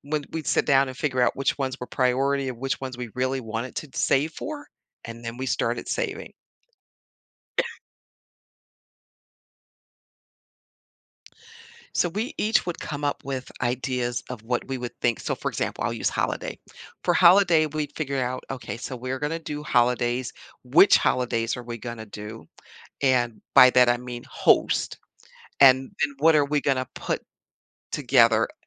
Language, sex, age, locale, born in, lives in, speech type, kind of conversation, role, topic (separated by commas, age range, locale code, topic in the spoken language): English, female, 60-64, en-US, United States, United States, conversation, podcast, guest, What simple steps can help someone build a habit of saving money?